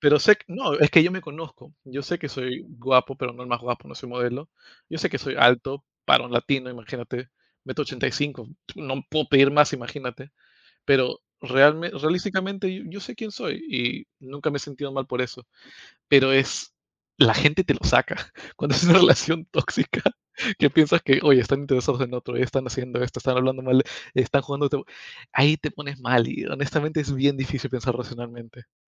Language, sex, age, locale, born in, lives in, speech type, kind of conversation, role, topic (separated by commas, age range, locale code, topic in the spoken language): Spanish, male, 25-29, es-PE, United States, United States, conversation, unstructured, none, ¿Qué haces si sientes que tu pareja te está mintiendo?